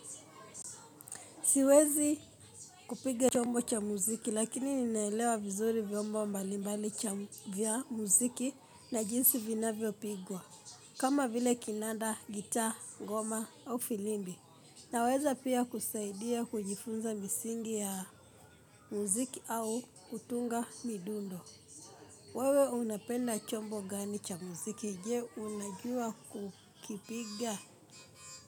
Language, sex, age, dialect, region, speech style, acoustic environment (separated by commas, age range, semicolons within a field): Swahili, female, 25-34, Kenya - Nairobi Sheng-influenced, Kenya, happy; focused; excited; relaxed, people talking